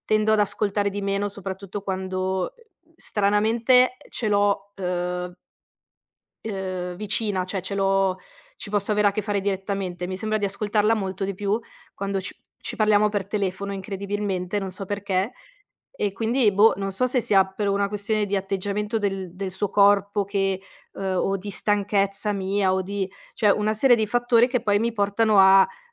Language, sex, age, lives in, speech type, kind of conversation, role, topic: Italian, female, 30-34, Italy, conversation, podcast, guest, Qual è il ruolo dell’ascolto nella costruzione della fiducia?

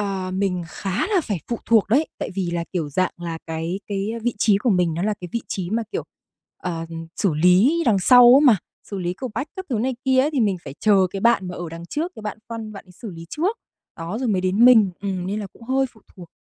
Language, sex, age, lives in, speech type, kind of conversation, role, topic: Vietnamese, female, 25-29, Vietnam, conversation, advice, user, Làm thế nào để thích nghi với việc phải làm việc từ xa sau nhiều năm làm việc tại văn phòng?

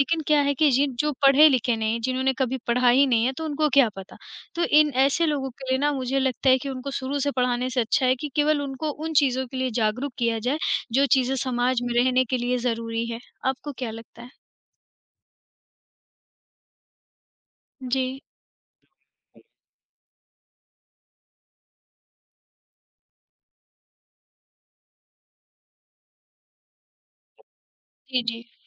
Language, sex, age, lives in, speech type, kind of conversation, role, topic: Hindi, female, 40-44, India, conversation, unstructured, none, क्या आपको लगता है कि छोटे-छोटे कामों से समाज बेहतर हो सकता है?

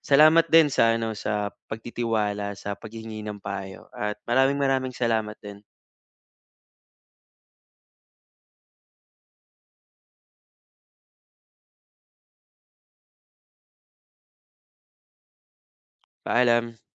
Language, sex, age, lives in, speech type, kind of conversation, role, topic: Filipino, male, 25-29, Philippines, conversation, advice, advisor, Paano ko haharapin ang takot at pagkabigo kapag hindi tiyak ang mangyayari?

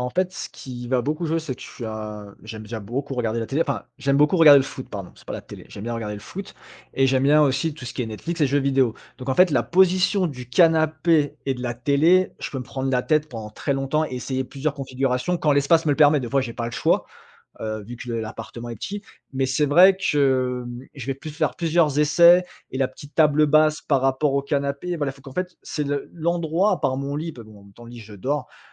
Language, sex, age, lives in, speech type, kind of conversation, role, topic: French, male, 35-39, France, conversation, podcast, guest, Qu’est-ce qui rend ton chez-toi confortable, selon toi ?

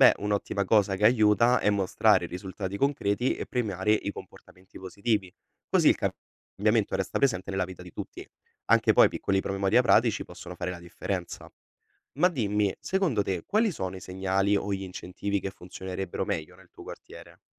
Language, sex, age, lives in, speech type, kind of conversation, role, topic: Italian, male, 20-24, Italy, read, expert-assistant, expert, Perché alcune leggi non funzionano come dovrebbero nella vita quotidiana?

